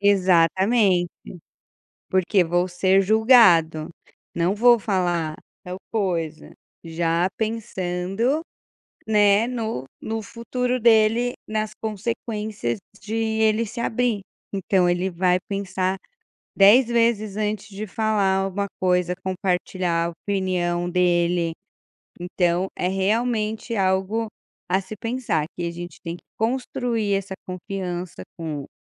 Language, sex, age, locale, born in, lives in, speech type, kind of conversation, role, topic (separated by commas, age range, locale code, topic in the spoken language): Portuguese, female, 35-39, pt-BR, Brazil, Portugal, conversation, podcast, guest, Como manter o diálogo aberto entre pais e filhos adolescentes?